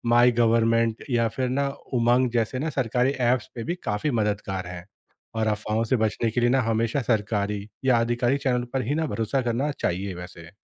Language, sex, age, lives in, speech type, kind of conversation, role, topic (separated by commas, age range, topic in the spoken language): Hindi, male, 30-34, India, read, expert-assistant, expert, आपदा के समय भरोसेमंद जानकारी लोगों तक कैसे पहुँचाई जाती है?